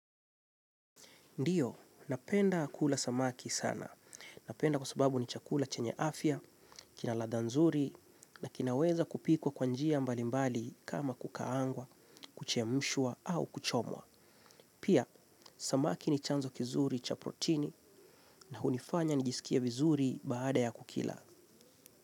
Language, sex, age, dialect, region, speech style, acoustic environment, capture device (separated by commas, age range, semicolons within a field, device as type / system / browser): Swahili, male, 25-34, Kenya - Mombasa, Kenya, relaxed; focused, silence, mobile / Linux / Chrome